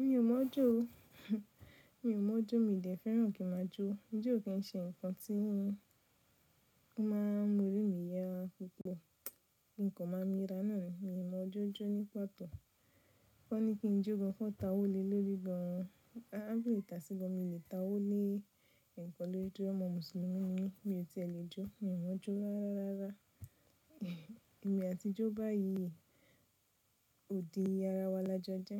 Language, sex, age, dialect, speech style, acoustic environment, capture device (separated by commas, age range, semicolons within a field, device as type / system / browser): Yoruba, female, 25-34, Nigeria - Nigerian Standard, focused, nature, mobile / Linux / Chrome